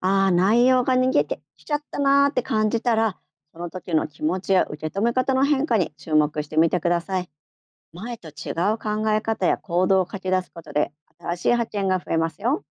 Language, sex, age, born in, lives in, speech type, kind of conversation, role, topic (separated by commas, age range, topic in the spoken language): Japanese, female, 50-54, Japan, Japan, read, expert-assistant, expert, 自分に合った生産性アップの方法をどうやって見つければいい？